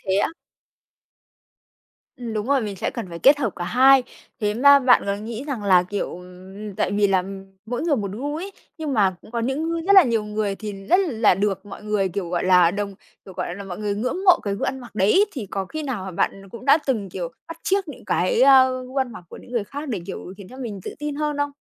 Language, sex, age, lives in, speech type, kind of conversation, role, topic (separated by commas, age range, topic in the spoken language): Vietnamese, female, 25-29, Vietnam, conversation, podcast, host, Bạn có nghĩ việc ăn mặc ảnh hưởng đến sự tự tin của mình không?